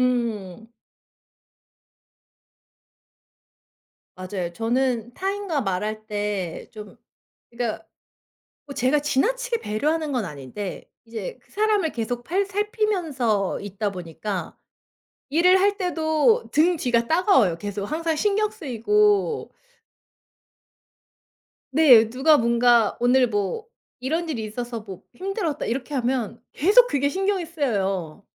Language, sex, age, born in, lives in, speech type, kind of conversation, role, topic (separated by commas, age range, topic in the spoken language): Korean, female, 35-39, South Korea, South Korea, conversation, unstructured, none, 주말에는 집에서 쉬는 것과 밖에서 활동하는 것 중 어느 쪽이 더 좋으신가요?